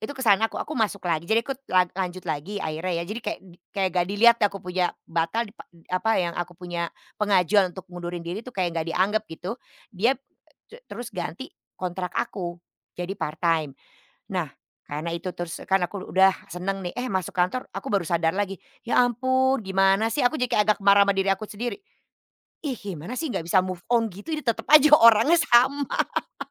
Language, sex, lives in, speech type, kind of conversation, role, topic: Indonesian, female, Netherlands, conversation, podcast, guest, Kapan kamu biasanya tahu kalau sudah waktunya resign dari pekerjaan?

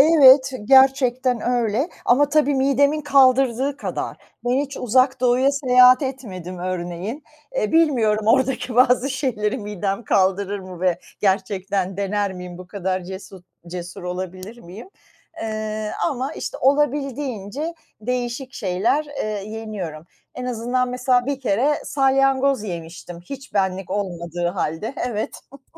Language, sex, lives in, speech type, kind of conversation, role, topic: Turkish, female, United States, conversation, unstructured, none, Dünyanın herhangi bir mutfağından bir yemek deneyecek olsan hangisini seçerdin?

